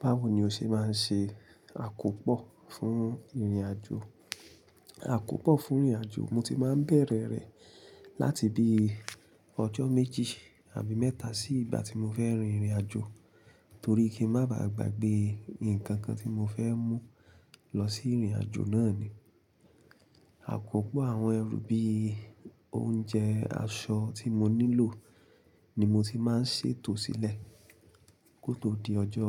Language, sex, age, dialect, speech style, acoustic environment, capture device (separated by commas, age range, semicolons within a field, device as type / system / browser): Yoruba, male, 25-34, Nigeria - Ibadan, relaxed, silence; people talking, mobile / Linux / Chrome